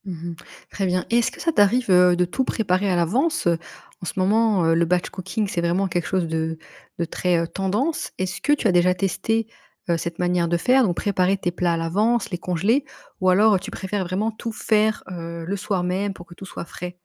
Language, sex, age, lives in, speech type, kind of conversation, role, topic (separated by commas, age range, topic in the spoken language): French, female, 25-29, France, conversation, podcast, host, Comment organises-tu concrètement tes repas de la semaine ?